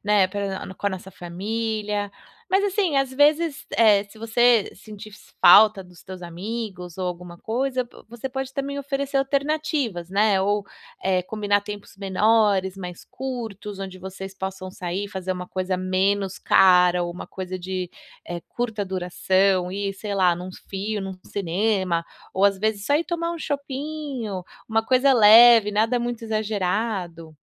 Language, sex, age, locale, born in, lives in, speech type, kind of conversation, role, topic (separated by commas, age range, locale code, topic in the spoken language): Portuguese, female, 35-39, pt-BR, Brazil, United States, conversation, advice, advisor, Como posso lidar com a pressão social para ir a eventos?